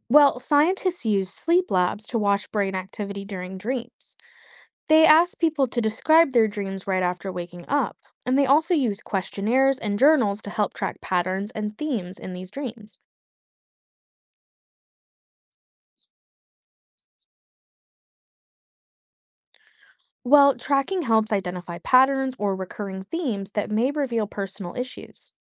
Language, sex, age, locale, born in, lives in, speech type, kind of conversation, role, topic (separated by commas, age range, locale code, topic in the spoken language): English, female, 25-29, en-US, United States, United States, read, expert-assistant, expert, What is the significance of dreams in psychology?